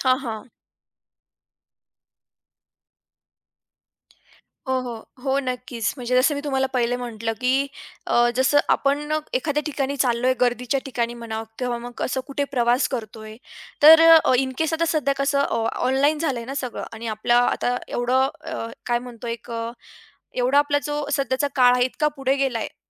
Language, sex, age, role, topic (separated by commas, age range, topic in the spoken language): Marathi, female, 20-24, guest, अचानक पैसे किंवा कागदपत्रे हरवल्यानंतर तुम्ही काय केलं?